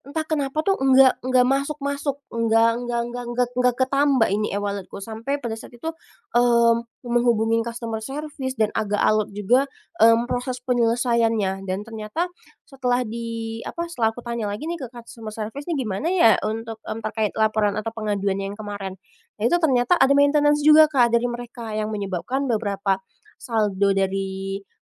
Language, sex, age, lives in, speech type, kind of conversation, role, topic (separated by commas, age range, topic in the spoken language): Indonesian, female, 25-29, Indonesia, conversation, podcast, guest, Bagaimana perasaanmu tentang uang digital atau dompet digital?